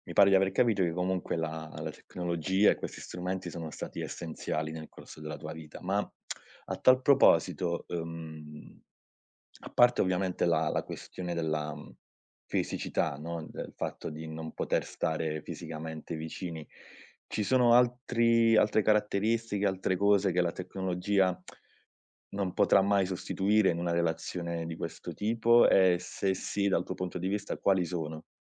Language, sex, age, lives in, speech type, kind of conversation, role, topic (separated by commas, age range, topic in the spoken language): Italian, male, 30-34, Italy, conversation, podcast, host, Come la tecnologia ti aiuta a restare in contatto con i parenti lontani?